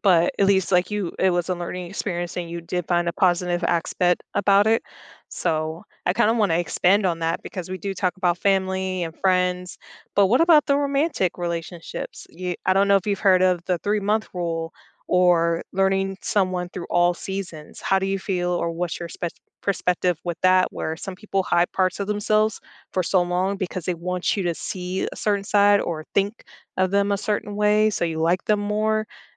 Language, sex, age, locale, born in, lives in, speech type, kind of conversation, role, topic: English, female, 30-34, en-US, United States, United States, conversation, podcast, host, What influences people to keep certain aspects of themselves private?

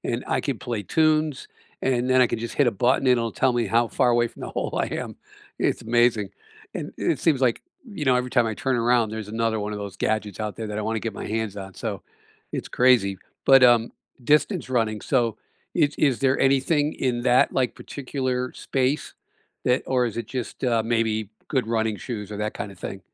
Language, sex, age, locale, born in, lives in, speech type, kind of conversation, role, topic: English, male, 65-69, en-US, United States, United States, conversation, unstructured, none, What is the one gadget you can’t live without this year?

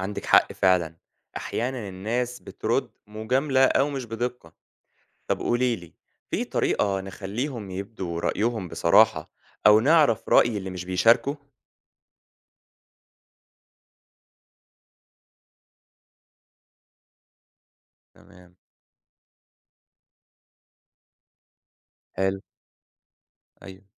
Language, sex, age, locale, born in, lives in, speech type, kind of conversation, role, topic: Arabic, male, 25-29, ar-EG, Egypt, Egypt, read, expert-assistant, asker, إيه أفضل الطرق لتنظيم حملات توعية بيئية في الحي أو المجتمع بحيث يكون ليها تأثير فعلي ومستدام؟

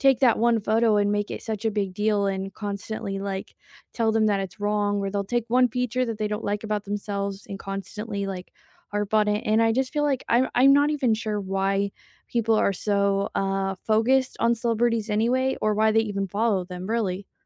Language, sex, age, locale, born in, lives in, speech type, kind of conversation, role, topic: English, female, 20-24, en-US, United States, United States, conversation, unstructured, none, What is your opinion on trolling celebrities on social media?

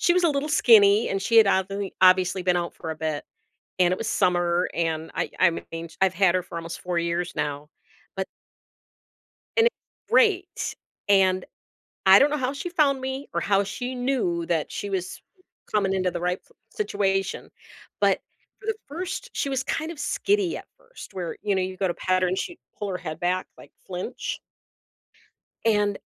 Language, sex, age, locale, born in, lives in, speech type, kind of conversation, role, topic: English, female, 55-59, en-US, United States, United States, conversation, unstructured, none, What’s the most unexpected way pets have shown bravery?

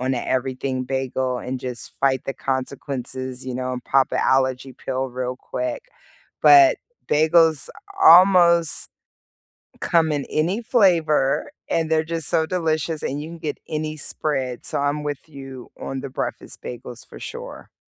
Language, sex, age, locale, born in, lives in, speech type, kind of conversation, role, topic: English, female, 40-44, en-US, United States, United States, conversation, unstructured, none, If you rebuilt your week from scratch, what core time blocks would you include?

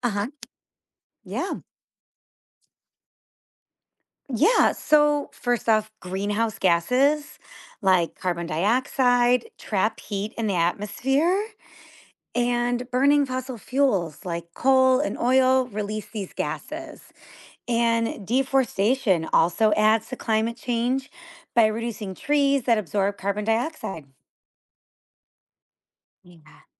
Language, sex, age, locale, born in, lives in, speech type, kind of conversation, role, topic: English, female, 35-39, en-US, United States, United States, read, expert-assistant, expert, What causes climate change?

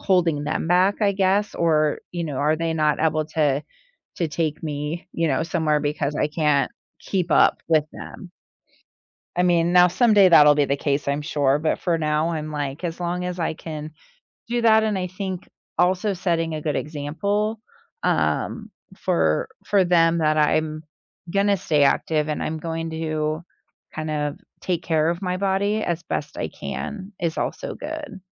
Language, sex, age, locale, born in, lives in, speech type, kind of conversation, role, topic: English, female, 45-49, en-US, United States, United States, conversation, podcast, guest, How has staying active shaped your daily life and well-being?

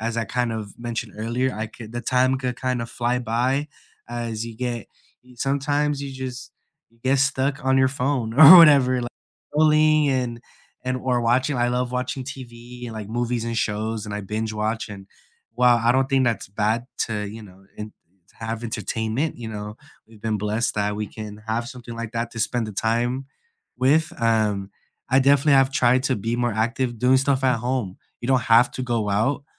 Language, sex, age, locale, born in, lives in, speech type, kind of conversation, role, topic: English, male, 25-29, en-US, United States, United States, conversation, unstructured, none, What are you working toward in your personal life this year, and what kind of support helps you most?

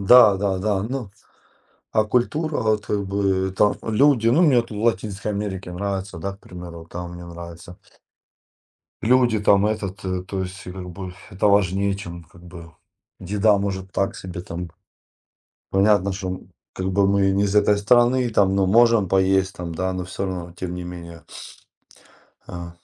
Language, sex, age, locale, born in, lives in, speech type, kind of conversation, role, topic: Russian, male, 40-44, ru-RU, Ukraine, Spain, conversation, unstructured, none, Как местная культура и кухня влияют на ваш выбор курорта?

